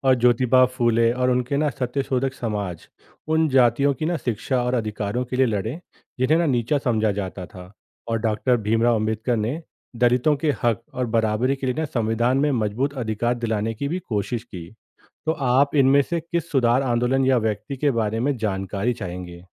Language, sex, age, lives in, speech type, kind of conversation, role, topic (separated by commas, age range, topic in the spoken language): Hindi, male, 30-34, India, read, expert-assistant, expert, भारतीय समाज में जाति व्यवस्था का विकास